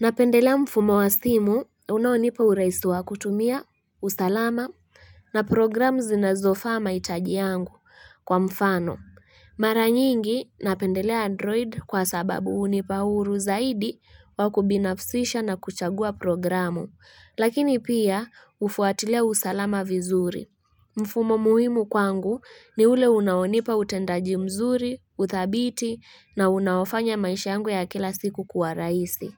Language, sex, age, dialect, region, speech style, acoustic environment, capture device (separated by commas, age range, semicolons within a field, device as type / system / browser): Swahili, female, 25-34, Kenya - Kitui, Kenya, happy; focused; relaxed, people talking; music; traffic; construction, mobile / Linux / Chrome